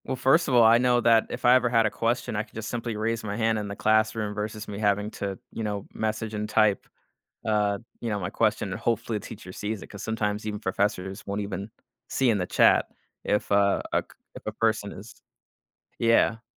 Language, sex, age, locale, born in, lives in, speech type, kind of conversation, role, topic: English, male, 25-29, en-US, United States, United States, conversation, unstructured, none, How is technology changing the way we learn and teach?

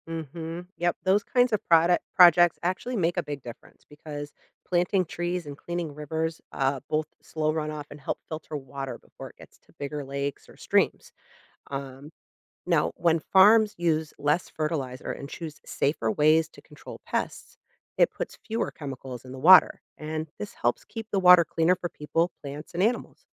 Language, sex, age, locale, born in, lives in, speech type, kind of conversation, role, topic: English, female, 40-44, en-US, United States, United States, read, expert-assistant, expert, How does the water cycle support life on Earth?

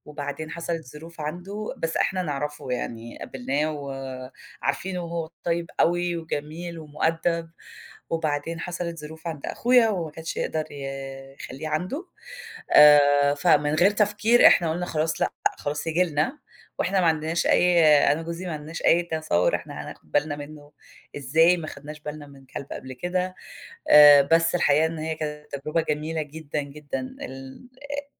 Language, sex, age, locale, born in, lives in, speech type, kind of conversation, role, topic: Arabic, female, 40-44, ar-EG, Egypt, United States, conversation, unstructured, none, إزاي نختار الحيوان الأليف المناسب للبيت؟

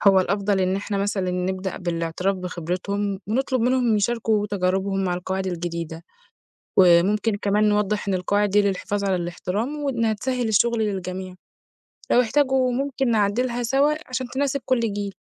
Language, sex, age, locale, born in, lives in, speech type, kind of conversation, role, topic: Arabic, female, 20-24, ar-EG, Egypt, Egypt, read, expert-assistant, expert, إزاي ممكن ننظم الجهود بين مجموعات مختلفة في حركة اجتماعية عشان نوصل لهدف واحد؟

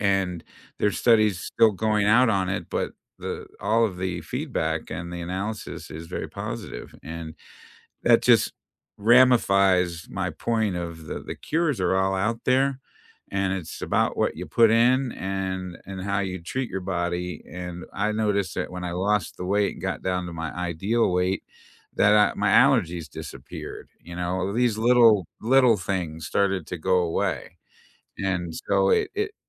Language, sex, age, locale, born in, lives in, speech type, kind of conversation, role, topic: English, male, 55-59, en-US, United States, United States, conversation, unstructured, none, What job makes you feel proud of your work?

